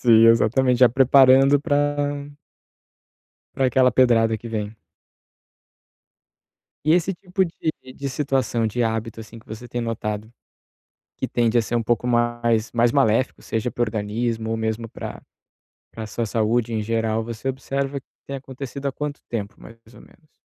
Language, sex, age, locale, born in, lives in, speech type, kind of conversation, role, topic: Portuguese, male, 30-34, pt-BR, Brazil, Italy, conversation, advice, advisor, Como posso parar de me sabotar e mudar hábitos ruins?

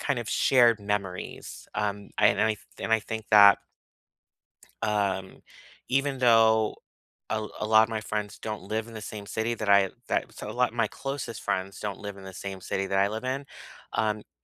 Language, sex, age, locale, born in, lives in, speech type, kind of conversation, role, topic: English, male, 35-39, en-US, United States, United States, conversation, unstructured, none, How do you maintain close friendships when life gets busy?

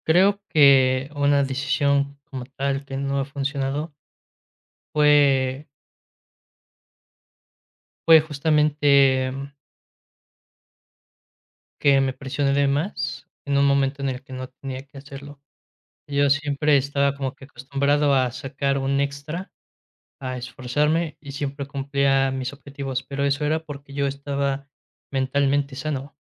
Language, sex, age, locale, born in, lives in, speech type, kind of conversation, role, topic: Spanish, male, 20-24, es-MX, Mexico, Mexico, conversation, podcast, guest, ¿Cómo aprendes de las decisiones que no salieron bien?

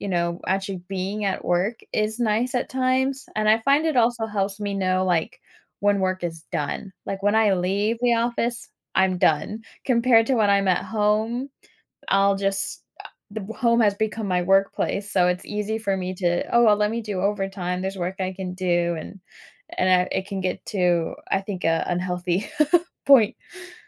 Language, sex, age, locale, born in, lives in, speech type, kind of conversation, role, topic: English, female, 25-29, en-US, United States, United States, conversation, podcast, guest, What habits or routines help you maintain a healthy balance between work and personal life?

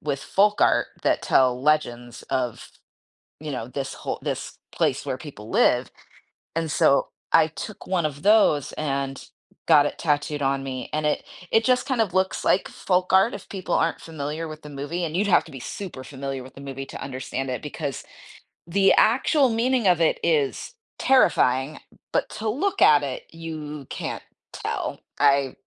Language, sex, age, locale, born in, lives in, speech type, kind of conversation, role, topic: English, female, 40-44, en-US, United States, United States, conversation, podcast, guest, How can a movie leave a lasting impact on your life or perspective?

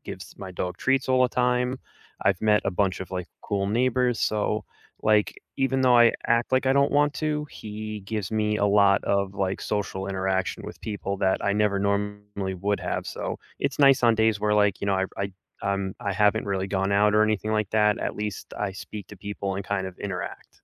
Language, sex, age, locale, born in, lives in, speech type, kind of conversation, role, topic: English, male, 35-39, en-US, United States, United States, conversation, unstructured, none, How do pets help people who are feeling lonely?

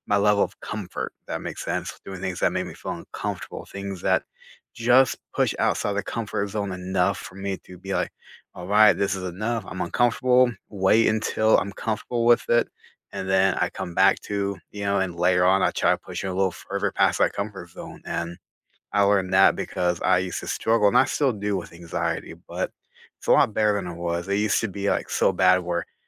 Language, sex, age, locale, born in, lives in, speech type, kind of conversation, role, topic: English, male, 30-34, en-US, United States, United States, conversation, unstructured, none, What hobbies have you discovered through a show, movie, or podcast?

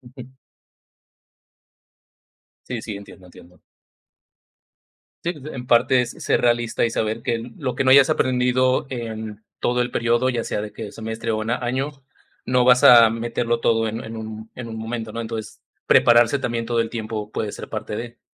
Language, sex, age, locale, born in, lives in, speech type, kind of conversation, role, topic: Spanish, male, 35-39, es-MX, Mexico, Mexico, conversation, podcast, host, ¿Cómo manejas la ansiedad antes de un examen?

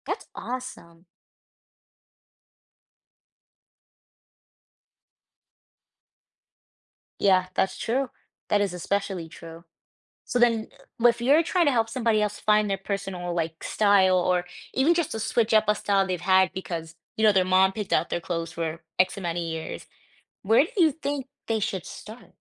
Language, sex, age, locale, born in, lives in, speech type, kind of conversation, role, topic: English, female, 25-29, en-US, United States, United States, conversation, podcast, host, How does the way we dress influence how we feel about ourselves?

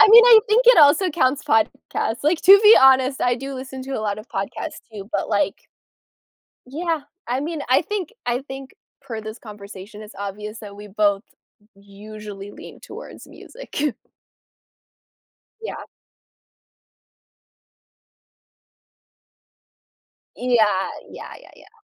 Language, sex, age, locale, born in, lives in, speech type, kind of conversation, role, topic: English, other, 25-29, en-US, United States, United States, conversation, unstructured, none, What factors influence whether you choose music or podcasts for different moments in your day?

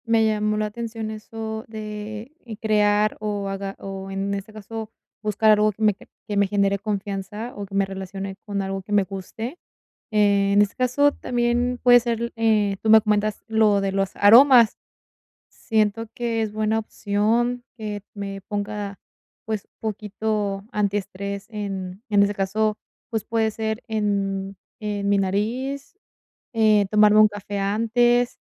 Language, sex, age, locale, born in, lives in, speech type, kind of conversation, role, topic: Spanish, female, 20-24, es-MX, Mexico, Mexico, conversation, advice, user, ¿Cómo puedo reducir la ansiedad antes de un evento importante?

